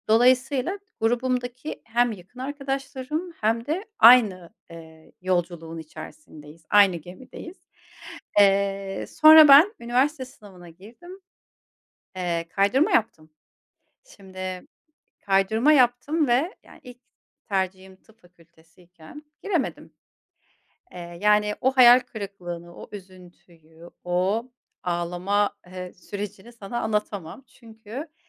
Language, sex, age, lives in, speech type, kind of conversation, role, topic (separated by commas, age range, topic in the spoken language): Turkish, female, 40-44, Germany, conversation, podcast, guest, Başarısızlıklar öğrenme sürecini nasıl etkiledi?